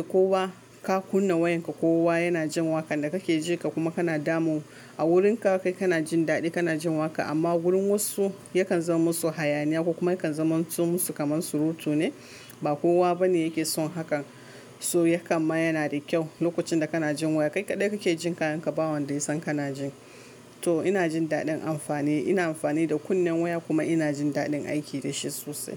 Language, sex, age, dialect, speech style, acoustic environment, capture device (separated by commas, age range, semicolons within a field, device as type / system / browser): Hausa, female, 25-34, Nigeria - Kano, relaxed; focused, silence, mobile / Linux / Chrome